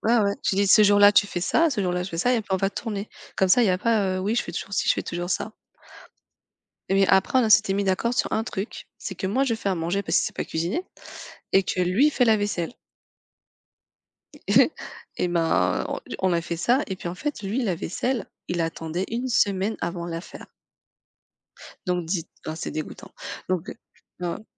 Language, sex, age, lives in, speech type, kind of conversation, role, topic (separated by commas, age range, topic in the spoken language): French, female, 35-39, France, conversation, unstructured, none, Qu’est-ce qui te rend heureux(se) en couple ?